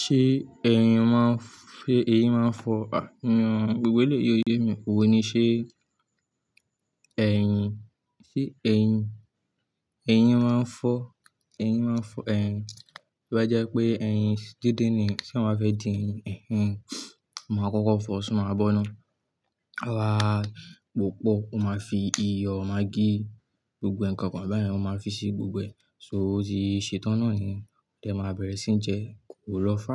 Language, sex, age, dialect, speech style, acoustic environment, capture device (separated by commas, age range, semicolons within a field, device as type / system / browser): Yoruba, male, 18-24, Nigeria - Ibadan, relaxed, silence; nature, desktop / Linux / Chrome